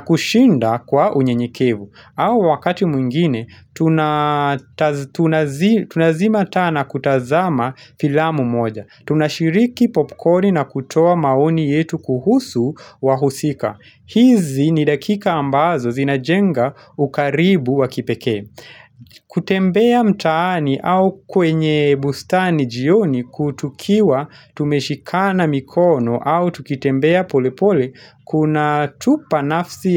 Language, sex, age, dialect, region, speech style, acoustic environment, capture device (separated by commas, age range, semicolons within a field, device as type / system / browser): Swahili, male, 25-34, Kenya - Nairobi Sheng-influenced, Kenya, relaxed, nature; silence, mobile / Linux / Chrome